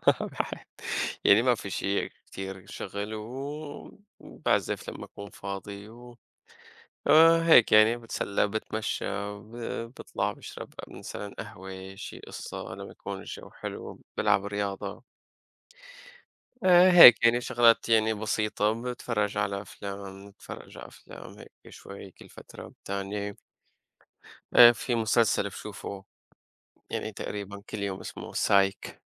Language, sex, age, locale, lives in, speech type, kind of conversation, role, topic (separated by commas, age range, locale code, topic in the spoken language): Arabic, male, 25-29, ar-SY, United States, conversation, unstructured, none, إيه اللي بيرفع معنوياتك وبيخليك تحب نفسك أكتر؟